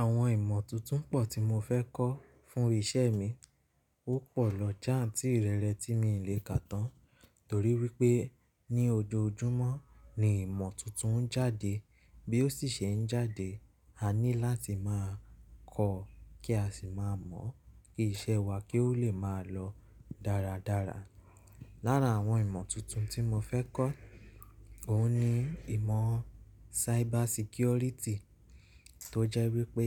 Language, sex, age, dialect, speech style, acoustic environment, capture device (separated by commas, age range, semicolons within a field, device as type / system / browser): Yoruba, male, 25-34, Nigeria - Nigerian Standard, relaxed; anxious; nervous; focused, silence; nature; music; appliances, mobile / Linux / Chrome